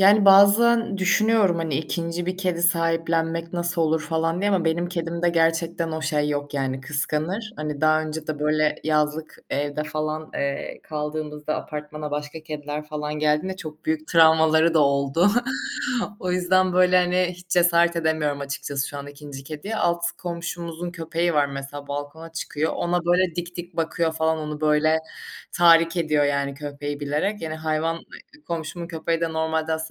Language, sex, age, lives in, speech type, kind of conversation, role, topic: Turkish, female, 25-29, Germany, conversation, unstructured, none, Evcil hayvan beslemek hayatınızı nasıl etkiledi?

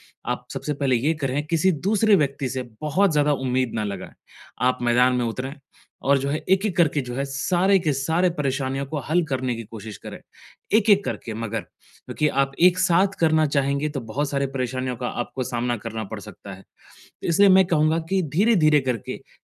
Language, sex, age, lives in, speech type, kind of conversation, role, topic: Hindi, male, 25-29, India, conversation, advice, advisor, बदलते हालात के अनुसार मैं अपने लक्ष्यों को कैसे संशोधित कर सकता/सकती हूँ?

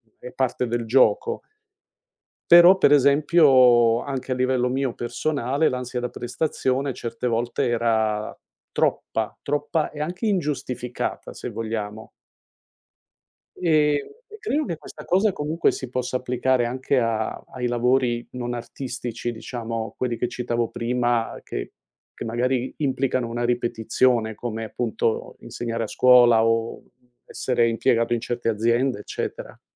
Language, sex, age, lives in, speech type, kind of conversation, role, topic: Italian, male, 60-64, United States, conversation, podcast, guest, Preferisci mostrare il processo o solo il risultato finale?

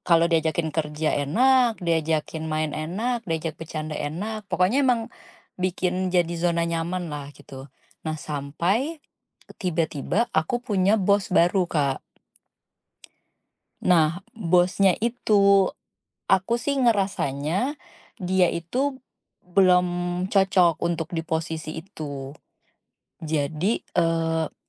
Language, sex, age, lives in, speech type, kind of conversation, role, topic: Indonesian, female, 35-39, Indonesia, conversation, advice, user, Apa yang membuat Anda sulit tidur karena pikiran yang terus dipenuhi kekhawatiran?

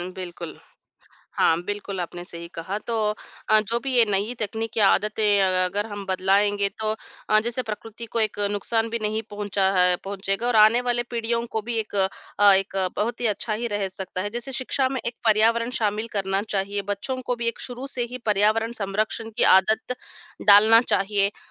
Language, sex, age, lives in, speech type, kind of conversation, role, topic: Hindi, female, 40-44, India, conversation, unstructured, none, आपके अनुसार पर्यावरण को बचाने के लिए सबसे जरूरी कदम क्या है?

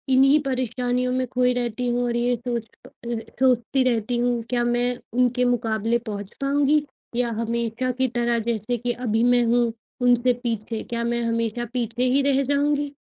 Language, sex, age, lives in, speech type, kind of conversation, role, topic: Hindi, female, 25-29, India, conversation, advice, user, मैं लंबे समय तक प्रेरित कैसे बना रहूँ?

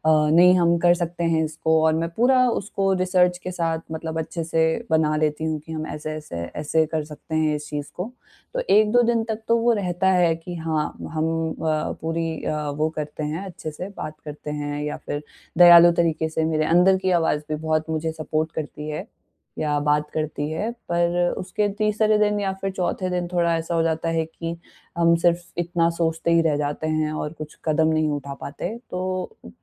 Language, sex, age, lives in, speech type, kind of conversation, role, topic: Hindi, female, 20-24, India, conversation, advice, user, मैं अपनी अंदर की आलोचनात्मक आवाज़ से कैसे दयालुता और समझदारी से निपटूँ?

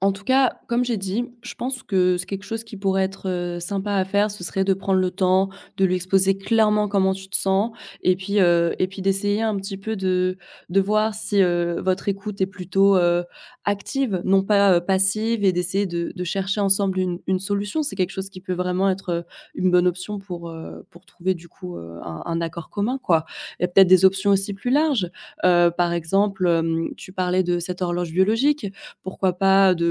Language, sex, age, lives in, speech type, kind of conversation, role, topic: French, female, 25-29, France, conversation, advice, advisor, Comment gérer un désaccord important au sein d’un couple sur le fait d’avoir ou non des enfants ?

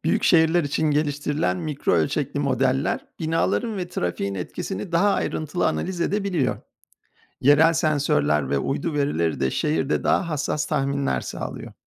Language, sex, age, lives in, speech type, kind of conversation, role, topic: Turkish, male, 50-54, United States, read, expert-assistant, expert, Dışarı çıkmadan önce hava durumu tahminlerine bakarken hangi uygulama ya da siteler gerçekten doğru bilgi veriyor?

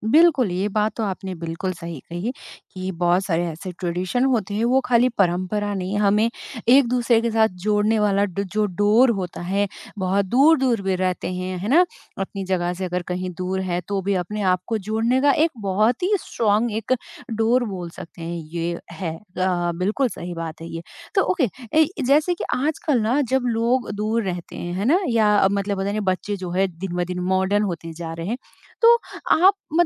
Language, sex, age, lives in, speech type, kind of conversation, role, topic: Hindi, female, 30-34, India, conversation, podcast, host, Aapke parivaar ki sabse yaadgar parivaarik parampara kya hai?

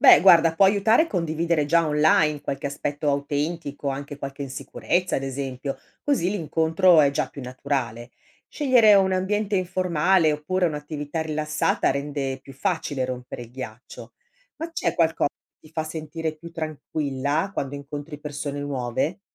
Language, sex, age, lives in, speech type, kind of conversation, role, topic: Italian, female, 55-59, Italy, read, expert-assistant, expert, Vale la pena coltivare amicizie nate sui social o è meglio puntare su quelle di persona?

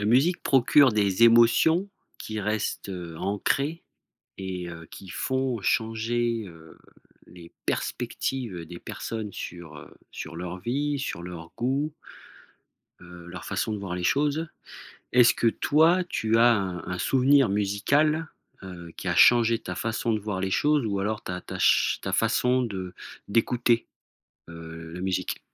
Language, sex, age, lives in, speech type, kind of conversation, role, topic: French, male, 40-44, France, conversation, podcast, host, Quel souvenir musical a changé ta façon d’écouter la musique ?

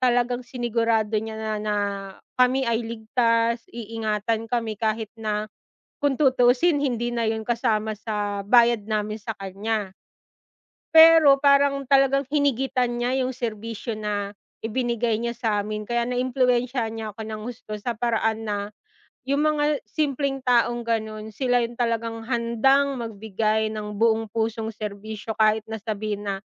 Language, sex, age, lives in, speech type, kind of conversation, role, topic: Filipino, female, 35-39, Philippines, conversation, unstructured, none, Ano ang pinakanakakatuwang lugar na napuntahan mo?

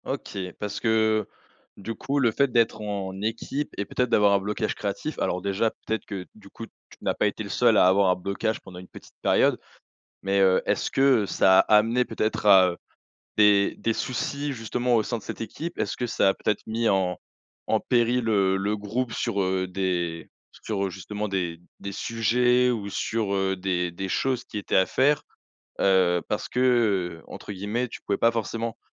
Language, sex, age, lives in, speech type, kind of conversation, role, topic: French, male, 20-24, France, conversation, podcast, host, Comment retrouves-tu ton élan après un gros blocage créatif ?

